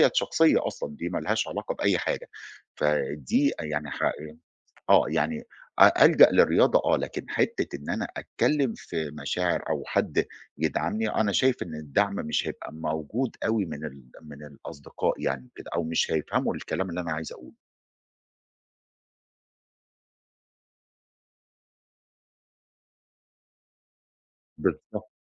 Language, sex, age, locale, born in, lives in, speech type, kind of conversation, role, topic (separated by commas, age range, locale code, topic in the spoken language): Arabic, male, 40-44, ar-EG, Egypt, Egypt, conversation, advice, user, إزاي أتعامل مع نهاية علاقة طويلة وأتأقلم مع العزوبية الجديدة؟